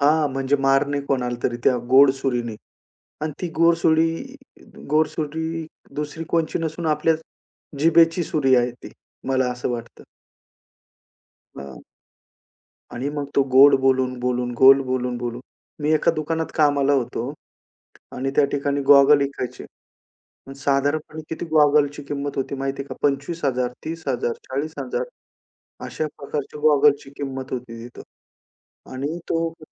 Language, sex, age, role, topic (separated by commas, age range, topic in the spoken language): Marathi, male, 35-39, guest, लोकांशी विश्वास वाढवण्यासाठी कोणती छोटी सवय उपयुक्त आहे?